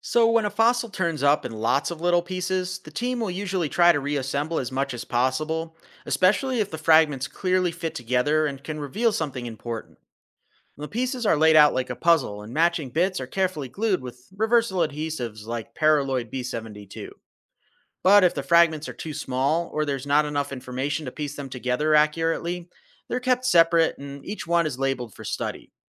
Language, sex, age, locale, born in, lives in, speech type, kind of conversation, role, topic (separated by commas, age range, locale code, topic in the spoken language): English, male, 35-39, en-US, United States, United States, read, expert-assistant, expert, How do paleontologists coordinate large excavations and keep accurate track of every fossil and piece of data they uncover?